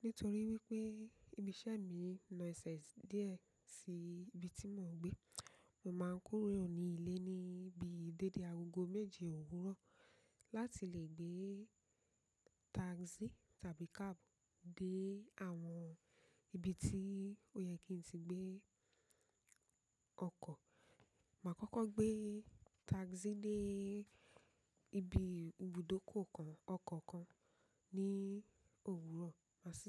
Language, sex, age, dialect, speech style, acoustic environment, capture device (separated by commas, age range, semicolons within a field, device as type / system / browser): Yoruba, female, 25-34, Nigeria - Ibadan, relaxed, nature, mobile / macOS / Safari